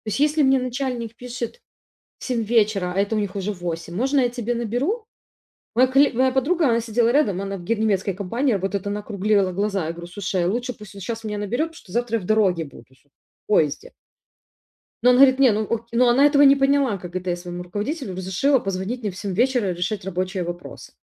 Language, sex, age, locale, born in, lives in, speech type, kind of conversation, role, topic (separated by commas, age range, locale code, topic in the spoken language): Russian, female, 35-39, ru-RU, Ukraine, Italy, conversation, advice, user, Как мне расслабиться дома без чувства вины и тревоги?